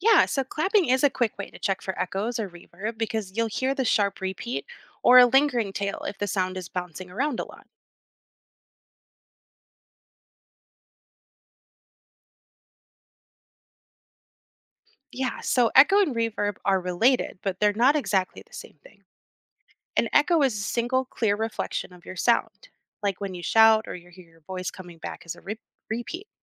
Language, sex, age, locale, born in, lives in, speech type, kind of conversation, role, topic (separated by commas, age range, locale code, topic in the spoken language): English, female, 30-34, en-US, United States, United States, read, expert-assistant, expert, What causes echoes in a canyon or empty room?